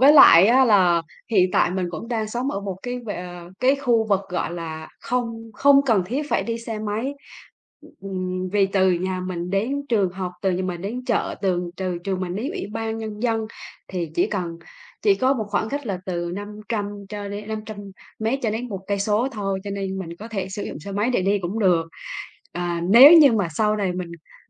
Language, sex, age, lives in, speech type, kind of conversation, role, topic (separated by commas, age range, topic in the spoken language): Vietnamese, female, 35-39, Vietnam, conversation, unstructured, none, Bạn nghĩ gì về việc đi xe đạp so với đi xe máy?